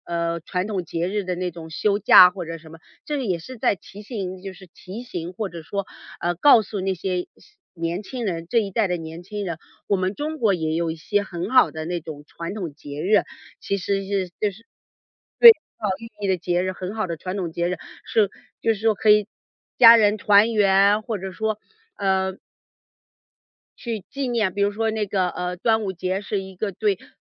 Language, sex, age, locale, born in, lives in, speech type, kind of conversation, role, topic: Chinese, female, 40-44, zh-CN, China, United States, conversation, podcast, guest, 过节时你们家一定会做什么？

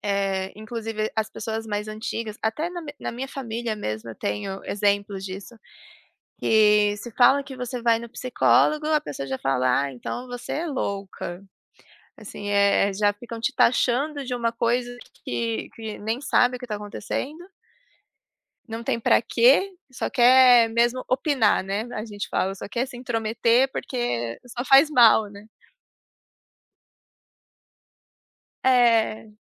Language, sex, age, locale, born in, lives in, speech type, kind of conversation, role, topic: Portuguese, female, 25-29, pt-PT, Brazil, Germany, conversation, unstructured, none, É possível convencer alguém a mudar de opinião sobre saúde mental?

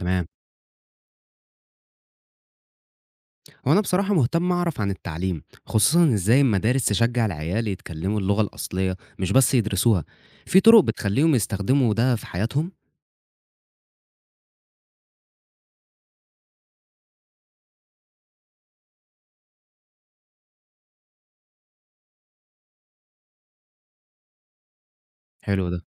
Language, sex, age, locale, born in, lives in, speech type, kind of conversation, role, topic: Arabic, male, 20-24, ar-EG, Egypt, Egypt, read, expert-assistant, asker, ممكن تشرحلي إزاي لغات كاملة ممكن تختفي مع الوقت؟ وإيه اللي بيخلي لغة تفضل موجودة ولغة تانية تموت؟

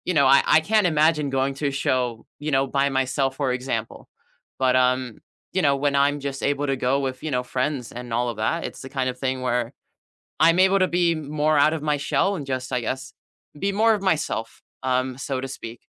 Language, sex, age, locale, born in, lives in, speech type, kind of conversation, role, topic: English, male, 20-24, en-US, United States, United States, conversation, unstructured, none, What are your favorite weekend getaway spots within a few hours' drive?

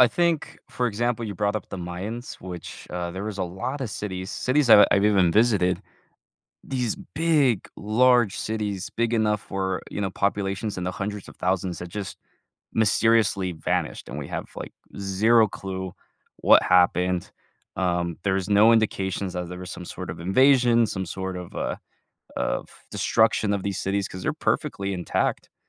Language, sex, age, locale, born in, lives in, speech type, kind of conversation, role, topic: English, male, 20-24, en-US, United States, United States, conversation, podcast, guest, How do the achievements and ideas of ancient civilizations continue to shape our world today?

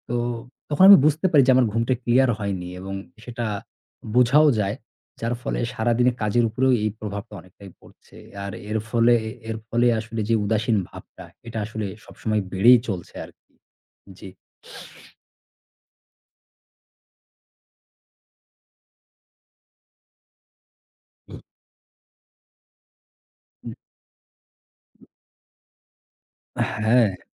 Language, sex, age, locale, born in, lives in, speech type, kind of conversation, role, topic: Bengali, male, 35-39, bn-BD, Bangladesh, Bangladesh, conversation, advice, user, আগের মতো কাজে আনন্দ না পেয়ে সবকিছু শূন্য মনে হওয়ার অনুভূতি কেন হচ্ছে?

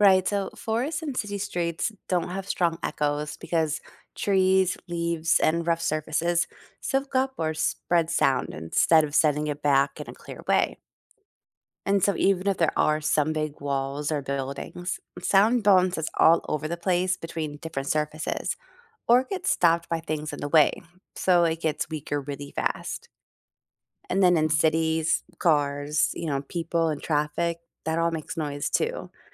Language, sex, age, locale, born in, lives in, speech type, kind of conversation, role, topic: English, female, 40-44, en-US, United States, United States, read, expert-assistant, expert, What causes echoes in a canyon?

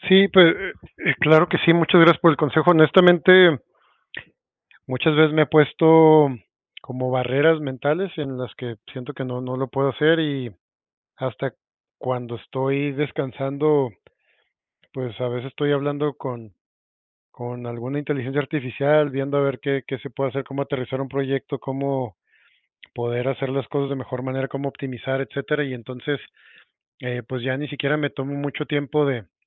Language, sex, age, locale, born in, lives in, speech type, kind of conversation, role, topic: Spanish, male, 40-44, es-MX, Mexico, Mexico, conversation, advice, user, ¿Cómo puedo encontrar tiempo para tomarme vacaciones este año?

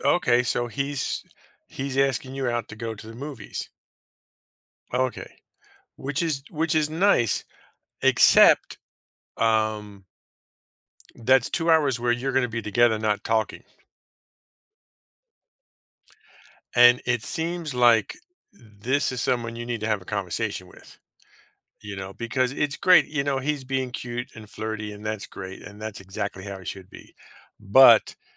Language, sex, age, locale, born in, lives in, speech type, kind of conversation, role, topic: English, male, 50-54, en-US, United States, United States, conversation, advice, advisor, How can I prepare for my first date?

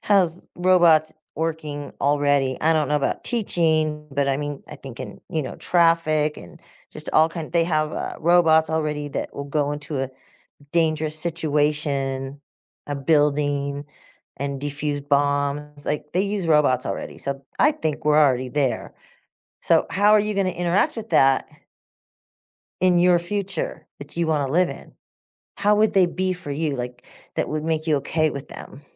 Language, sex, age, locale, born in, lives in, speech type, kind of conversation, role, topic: English, female, 60-64, en-US, United States, United States, conversation, podcast, host, What inspires your vision for a better future?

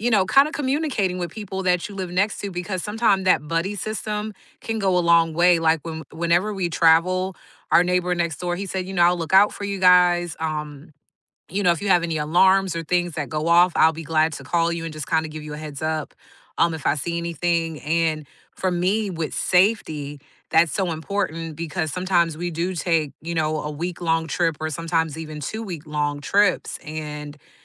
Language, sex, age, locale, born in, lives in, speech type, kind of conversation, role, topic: English, female, 40-44, en-US, United States, United States, conversation, unstructured, none, What makes a neighborhood feel like home to you?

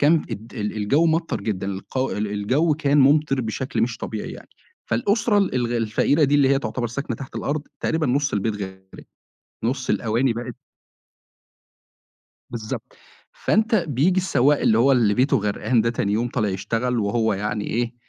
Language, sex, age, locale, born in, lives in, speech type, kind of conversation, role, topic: Arabic, male, 25-29, ar-EG, Egypt, Egypt, conversation, podcast, guest, إيه آخر فيلم أثّر فيك وليه؟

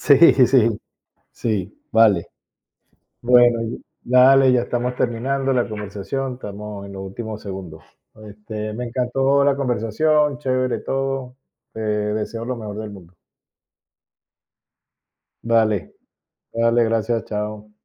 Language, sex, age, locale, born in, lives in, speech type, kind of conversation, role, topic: Spanish, male, 55-59, es-VE, Venezuela, Spain, conversation, unstructured, none, ¿Qué pequeño gesto de un amigo o familiar te ha alegrado el día?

